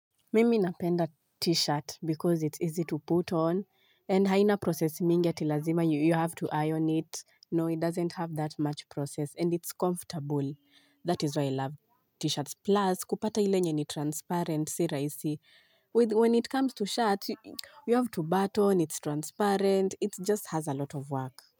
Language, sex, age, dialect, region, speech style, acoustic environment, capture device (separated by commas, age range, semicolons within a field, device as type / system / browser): Swahili, female, 25-34, Kenya - Nairobi Sheng-influenced, Kenya, focused, silence, mobile / Linux / Chrome